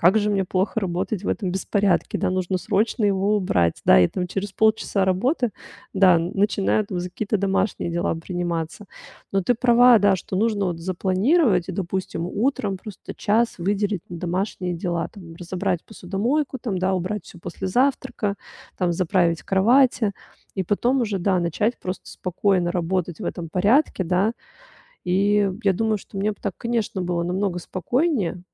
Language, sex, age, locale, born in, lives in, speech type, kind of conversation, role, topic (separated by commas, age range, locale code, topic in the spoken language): Russian, female, 35-39, ru-RU, Russia, Italy, conversation, advice, user, Как меньше терять время при постоянном переключении между задачами?